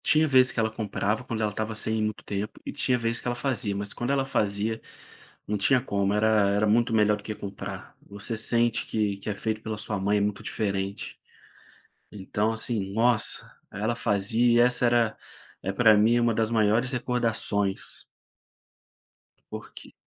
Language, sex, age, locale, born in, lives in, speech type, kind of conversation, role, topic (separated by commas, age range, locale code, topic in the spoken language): Portuguese, male, 25-29, pt-BR, Brazil, Spain, conversation, podcast, guest, Que prato da sua cultura mais representa você?